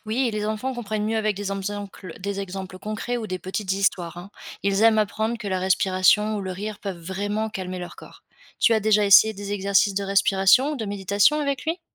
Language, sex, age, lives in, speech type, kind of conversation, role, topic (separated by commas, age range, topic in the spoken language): French, female, 25-29, France, read, expert-assistant, expert, Quels sont les meilleurs livres ou podcasts pour comprendre la physiologie humaine au quotidien ?